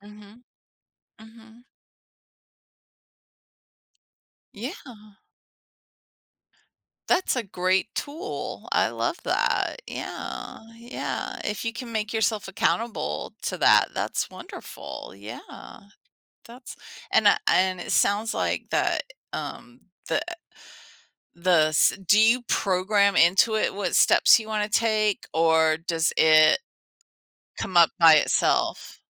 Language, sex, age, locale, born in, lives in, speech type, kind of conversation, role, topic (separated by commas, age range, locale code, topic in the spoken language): English, female, 50-54, en-US, United States, United States, conversation, podcast, host, How do you approach making difficult decisions when you feel uncertain?